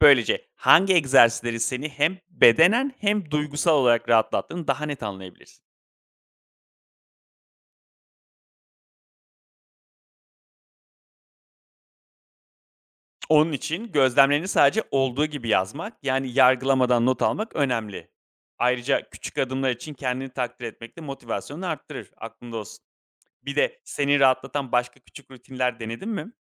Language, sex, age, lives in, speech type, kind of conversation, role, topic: Turkish, male, 35-39, Greece, read, expert-assistant, expert, Vücudumun stres anında verdiği tepkileri anlamak bana nasıl yardımcı olur?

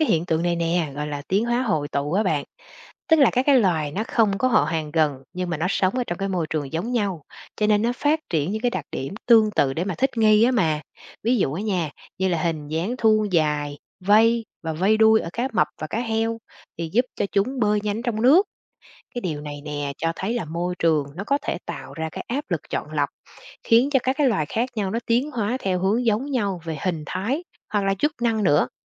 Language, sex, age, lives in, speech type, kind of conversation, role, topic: Vietnamese, female, 40-44, Vietnam, read, expert-assistant, expert, Tiến hóa và các đặc điểm thích nghi của động vật thay đổi như thế nào theo môi trường?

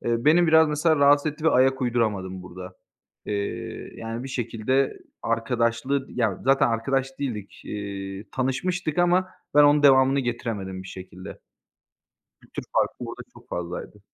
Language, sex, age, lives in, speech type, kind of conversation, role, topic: Turkish, male, 25-29, Bulgaria, conversation, podcast, guest, Yolda yeni arkadaşlar edinmek için hangi yöntemleri kullanıyorsun?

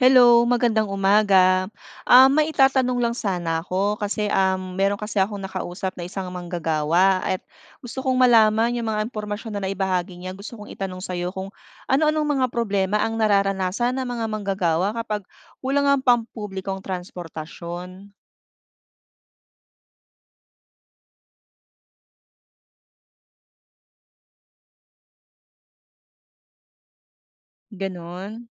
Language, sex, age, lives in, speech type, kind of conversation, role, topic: Filipino, female, 40-44, Philippines, read, expert-assistant, asker, Ano ang epekto ng kakulangan ng pampublikong transportasyon sa mga manggagawa?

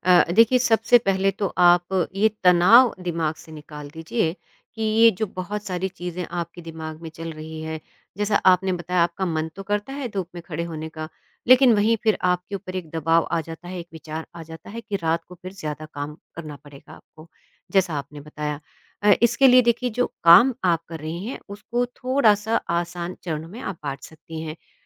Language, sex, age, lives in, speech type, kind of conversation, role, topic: Hindi, female, 50-54, India, conversation, advice, advisor, सुबह उठने के बाद कुछ भी करने का मन नहीं करता, तो मैं प्रभावी सुबह की दिनचर्या कैसे बना सकता/सकती हूँ?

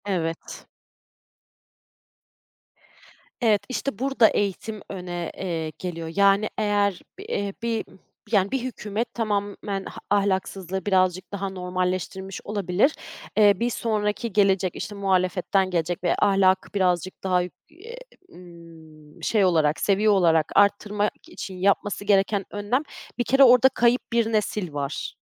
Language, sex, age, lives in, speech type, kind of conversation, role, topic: Turkish, female, 30-34, Germany, conversation, podcast, guest, Bir toplumun en önemli değeri sence nedir?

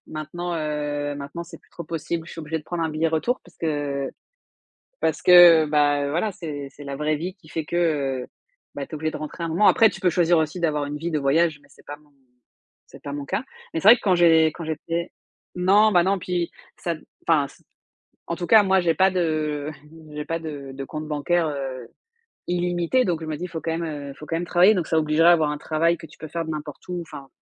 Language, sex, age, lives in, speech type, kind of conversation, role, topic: French, female, 35-39, France, conversation, unstructured, none, Qu’est-ce qui rend un voyage vraiment spécial pour toi ?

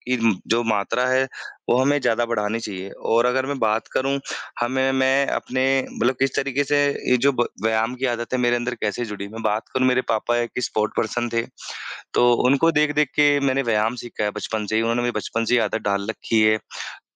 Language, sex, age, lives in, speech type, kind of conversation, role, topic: Hindi, male, 25-29, India, conversation, unstructured, none, आपको रोज़ाना व्यायाम क्यों करना चाहिए?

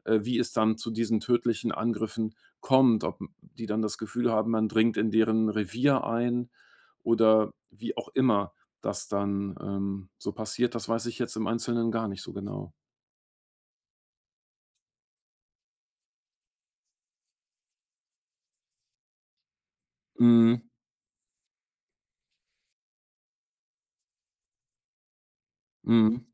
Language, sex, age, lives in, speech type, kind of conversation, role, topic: German, male, 45-49, Germany, conversation, podcast, host, Welche Begegnung mit der Natur wirst du nie vergessen?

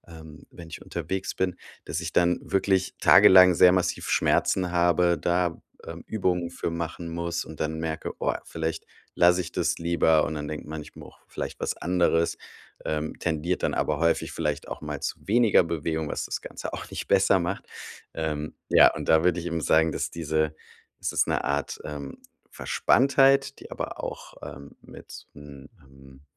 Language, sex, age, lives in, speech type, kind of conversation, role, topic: German, male, 35-39, Germany, conversation, advice, user, Wie gehe ich mit körperlichen Veränderungen um, die durch Alter oder Krankheit entstehen?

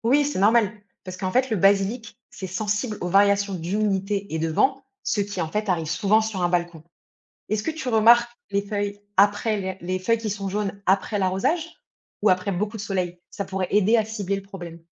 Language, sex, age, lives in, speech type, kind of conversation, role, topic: French, female, 30-34, France, read, expert-assistant, expert, Comment réussir à cultiver des plantes aromatiques sur son balcon ?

